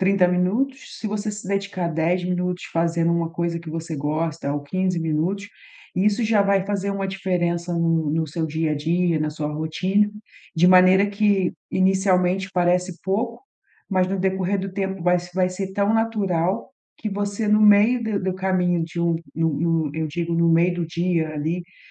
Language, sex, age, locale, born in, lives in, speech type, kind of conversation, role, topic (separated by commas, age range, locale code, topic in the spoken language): Portuguese, female, 40-44, pt-BR, Brazil, Portugal, conversation, advice, advisor, Como posso equilibrar meu tempo entre hobbies e outras responsabilidades da vida?